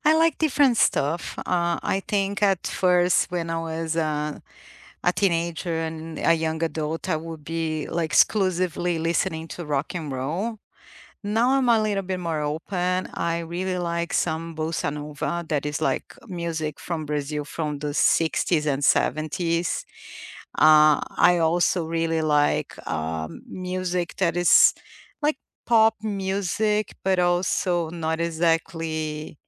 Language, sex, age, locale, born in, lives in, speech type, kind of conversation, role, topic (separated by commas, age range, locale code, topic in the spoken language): English, female, 45-49, en-BR, Brazil, United States, conversation, unstructured, none, What weekend rituals help you recharge and feel grounded, and what makes them meaningful to you?